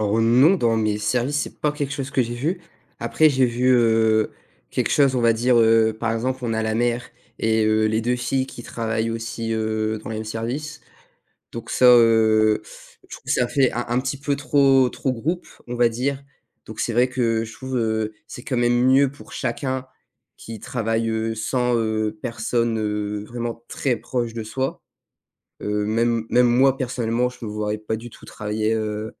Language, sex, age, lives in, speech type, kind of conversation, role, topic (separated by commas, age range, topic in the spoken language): French, male, 18-19, France, conversation, podcast, guest, Comment poses-tu des limites avec ton boss ou tes collègues ?